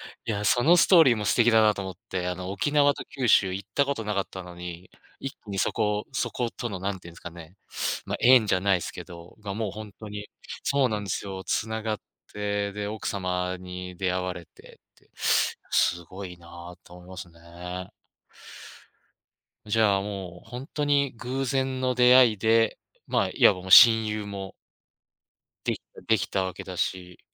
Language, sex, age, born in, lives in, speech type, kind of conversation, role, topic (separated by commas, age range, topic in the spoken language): Japanese, male, 35-39, Japan, Japan, conversation, podcast, host, 偶然の出会いで人生が変わったことはありますか？